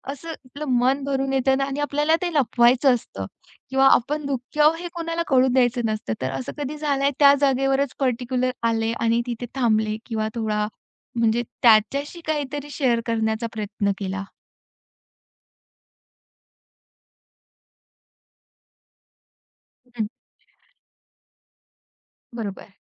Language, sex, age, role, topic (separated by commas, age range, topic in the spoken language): Marathi, female, 35-39, host, घरी कोणता कोपरा तुला सर्वात जास्त सुखावतो?